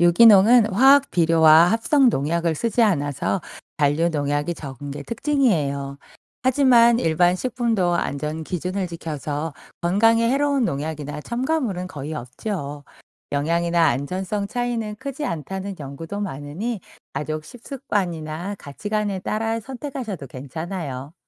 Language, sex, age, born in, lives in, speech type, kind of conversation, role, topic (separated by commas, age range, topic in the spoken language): Korean, female, 45-49, South Korea, France, read, expert-assistant, expert, 유기농 식품과 일반 식품 중에서 식품 안전 측면에서 어떤 선택이 더 현명할까요?